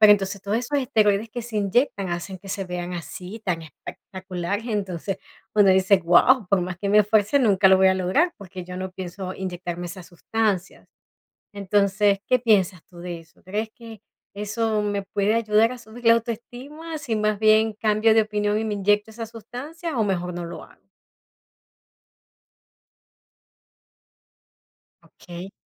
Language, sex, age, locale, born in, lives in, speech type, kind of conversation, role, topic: Spanish, female, 40-44, es-VE, Venezuela, United States, conversation, advice, user, ¿Cómo puedo dejar de compararme con otras personas para no perder la autoestima ni la motivación para entrenar?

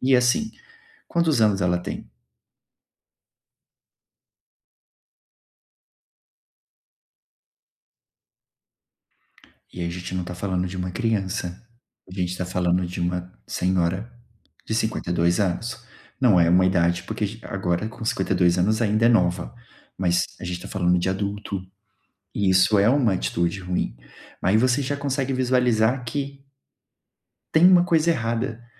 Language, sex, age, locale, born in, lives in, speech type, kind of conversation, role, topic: Portuguese, male, 30-34, pt-BR, Brazil, Portugal, conversation, advice, advisor, Como posso quebrar o silêncio e lidar com o ressentimento entre membros da minha família?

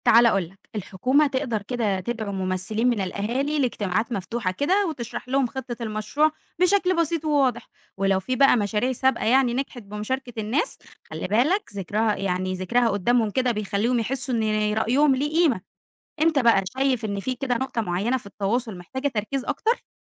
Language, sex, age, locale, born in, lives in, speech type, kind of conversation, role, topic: Arabic, female, 20-24, ar-EG, Egypt, Egypt, read, expert-assistant, expert, لو الحكومة ناوية تطبق مشروع جديد لتنمية الاقتصاد في الصعيد، إيه الاستراتيجيات اللي ممكن تساعد على نجاح المشروع و تضمن الاستدامة؟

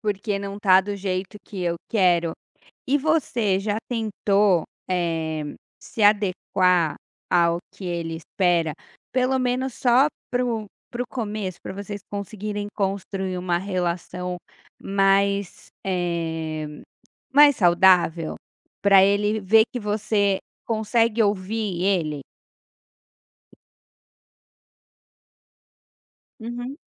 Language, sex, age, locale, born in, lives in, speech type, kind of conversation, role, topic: Portuguese, female, 35-39, pt-BR, Brazil, Portugal, conversation, advice, advisor, Como você lida com a preocupação constante com o seu desempenho no trabalho?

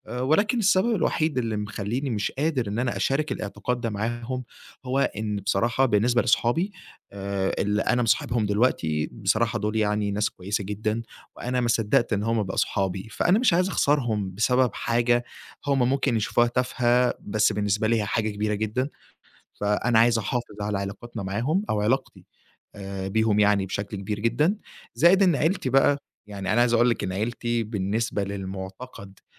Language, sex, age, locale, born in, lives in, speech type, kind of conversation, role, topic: Arabic, male, 20-24, ar-EG, Egypt, Egypt, conversation, advice, user, إزاي أخبي جزء من هويتي عن أهلي أو صحابي؟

